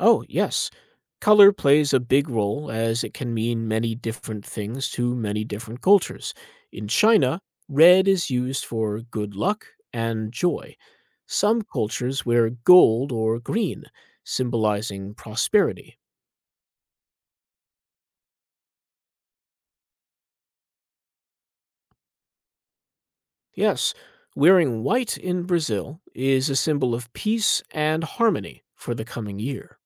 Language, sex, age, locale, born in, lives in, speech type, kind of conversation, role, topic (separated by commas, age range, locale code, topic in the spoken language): English, male, 35-39, en-US, United States, United States, read, expert-assistant, expert, How are New Year traditions and celebrations different around the world?